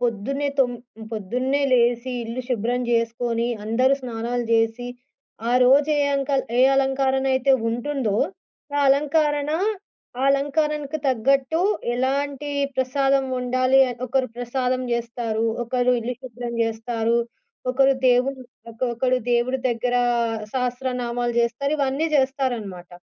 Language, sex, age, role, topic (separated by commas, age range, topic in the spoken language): Telugu, female, 25-29, guest, మీరు అందరితో కలిసి జరిపిన చక్కటి పండగ అనుభవం గురించి చెప్పగలరా?